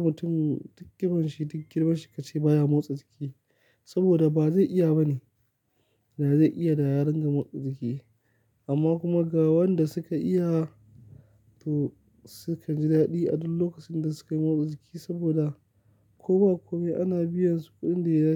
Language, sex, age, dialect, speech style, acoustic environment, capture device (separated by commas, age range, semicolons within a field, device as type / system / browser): Hausa, male, 25-34, Nigeria - Kano, happy; excited; focused, nature; silence, mobile / Linux / Chrome